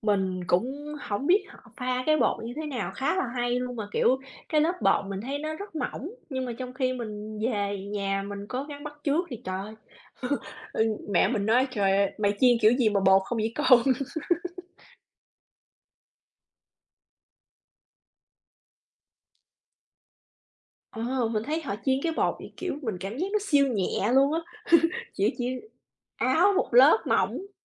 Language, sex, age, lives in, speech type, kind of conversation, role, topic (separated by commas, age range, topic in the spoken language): Vietnamese, female, 35-39, United States, conversation, unstructured, none, Bạn có muốn thành thạo ẩm thực Nhật Bản không?